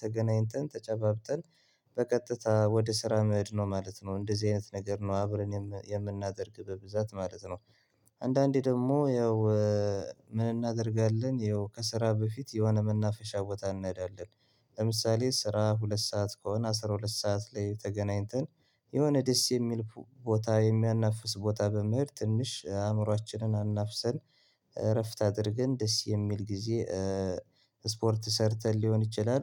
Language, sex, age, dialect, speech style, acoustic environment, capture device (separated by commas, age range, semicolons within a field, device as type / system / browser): Amharic, male, 25-34, Ethiopia - Gondar, relaxed, silence, mobile / Linux / Chrome